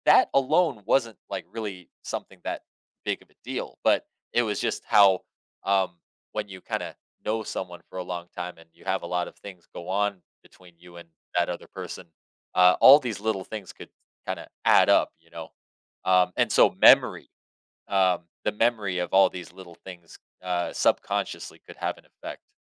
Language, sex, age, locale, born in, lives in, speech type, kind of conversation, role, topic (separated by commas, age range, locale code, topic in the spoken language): English, male, 30-34, en-US, United States, United States, conversation, unstructured, none, Why do minor disagreements sometimes turn into major conflicts?